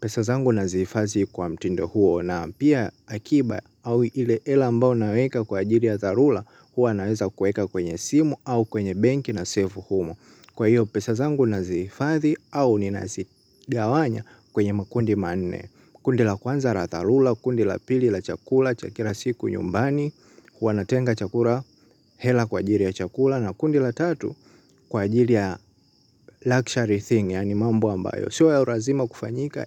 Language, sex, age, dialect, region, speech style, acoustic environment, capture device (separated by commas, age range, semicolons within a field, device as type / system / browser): Swahili, male, 18-24, Tanzania - Dar es Salaam, Tanzania, happy, silence, mobile / Linux / Chrome